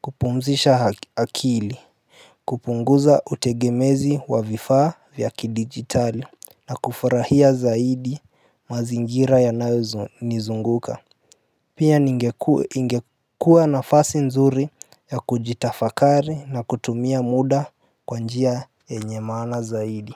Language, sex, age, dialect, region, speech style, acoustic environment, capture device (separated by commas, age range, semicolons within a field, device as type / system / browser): Swahili, male, 18-24, Kenya - Nairobi Sheng-influenced, Kenya, happy, appliances; nature, mobile / Linux / Chrome